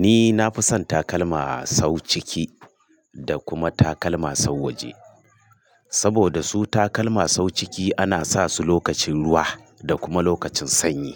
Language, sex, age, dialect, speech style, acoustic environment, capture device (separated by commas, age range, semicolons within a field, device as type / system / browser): Hausa, male, 45-54, Nigeria - Kano, stressed; focused; excited; happy; anxious; tired; relaxed; nervous, traffic; construction; appliances; silence, mobile / Linux / Chrome